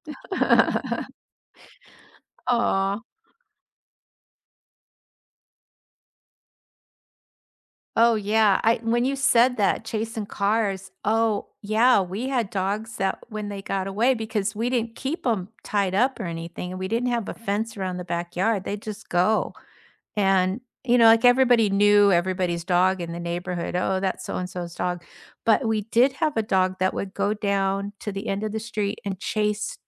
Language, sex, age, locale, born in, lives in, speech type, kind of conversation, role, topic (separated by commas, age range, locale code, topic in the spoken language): English, female, 65-69, en-US, United States, United States, conversation, unstructured, none, Which childhood neighborhood moments still make you smile, and what made that place feel like home?